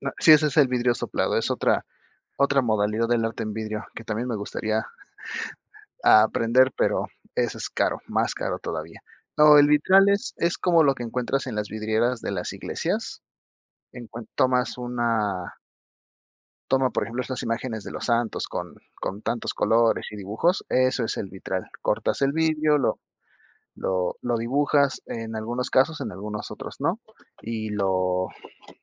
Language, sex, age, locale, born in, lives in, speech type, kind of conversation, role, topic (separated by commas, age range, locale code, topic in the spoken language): Spanish, male, 30-34, es-MX, Mexico, Mexico, conversation, advice, user, ¿Cómo puedo planear una rutina para evitar el agotamiento?